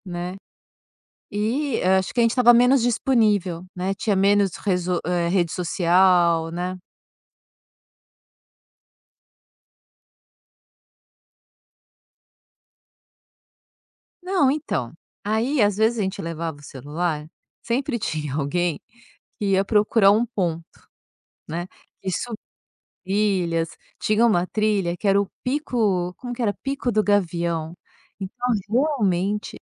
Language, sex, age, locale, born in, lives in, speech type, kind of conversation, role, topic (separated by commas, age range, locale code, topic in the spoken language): Portuguese, female, 50-54, pt-BR, Brazil, France, conversation, podcast, guest, Como você se virou quando ficou sem sinal de celular?